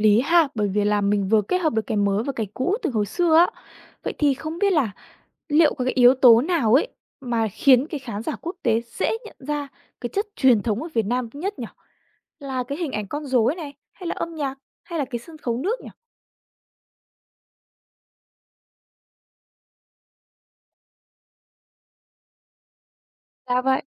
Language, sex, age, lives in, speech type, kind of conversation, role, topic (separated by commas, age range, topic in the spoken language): Vietnamese, female, 20-24, Vietnam, read, expert-assistant, asker, Nếu chỉ được chọn một loại hình nghệ thuật biểu diễn để giới thiệu với bạn bè quốc tế, bạn sẽ chọn múa rối nước, cải lương, hay nhạc kịch? Vì sao?